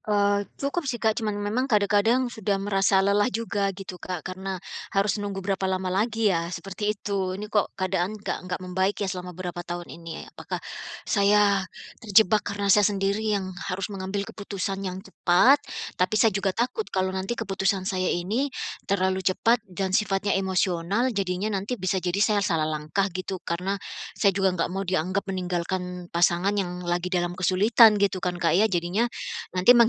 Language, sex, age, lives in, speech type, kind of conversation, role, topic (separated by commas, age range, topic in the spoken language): Indonesian, female, 45-49, United States, conversation, advice, user, Bagaimana cara mendapatkan dukungan emosional saat merasa terjebak?